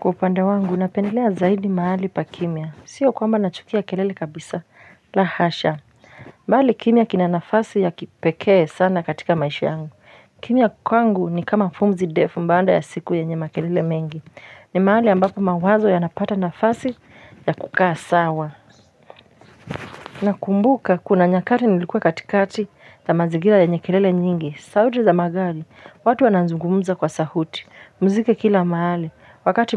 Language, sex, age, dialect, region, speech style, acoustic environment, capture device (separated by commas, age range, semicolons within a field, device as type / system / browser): Swahili, female, 25-34, Kenya - Nairobi Sheng-influenced, Kenya, happy, silence, mobile / Linux / Chrome